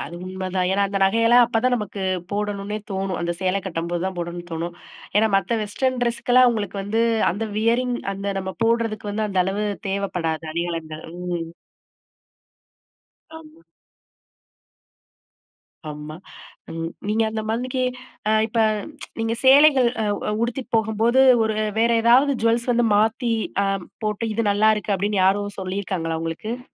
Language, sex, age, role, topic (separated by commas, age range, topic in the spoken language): Tamil, female, 35-39, host, புதிய பாணியைச் சோதிக்க நீங்கள் எப்படித் தொடங்குவீர்கள்?